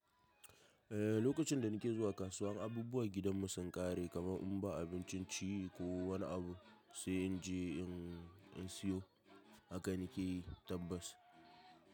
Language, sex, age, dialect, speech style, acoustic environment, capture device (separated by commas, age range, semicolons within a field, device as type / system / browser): Hausa, male, 18-24, Nigeria - Kano, tired, people talking, mobile / macOS / Safari